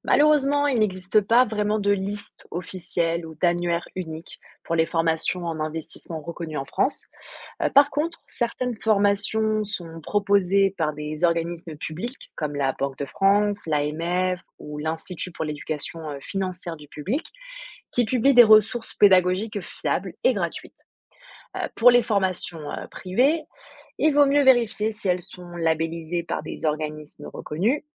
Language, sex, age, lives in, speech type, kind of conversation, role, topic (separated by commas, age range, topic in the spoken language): French, female, 20-24, France, read, expert-assistant, expert, Comment reconnaître des conseils d’investissement fiables sur les réseaux sociaux ?